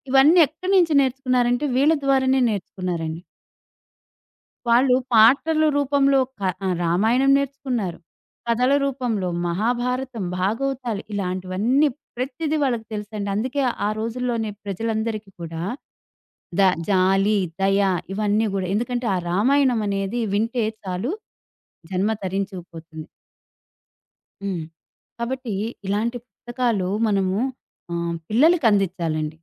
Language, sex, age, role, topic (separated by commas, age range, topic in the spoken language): Telugu, female, 40-44, guest, పుస్తకాలతో మీ తొలి పరిచయం ఎలా ఏర్పడింది, దానికి మిమ్మల్ని ఎవరు ప్రేరేపించారు?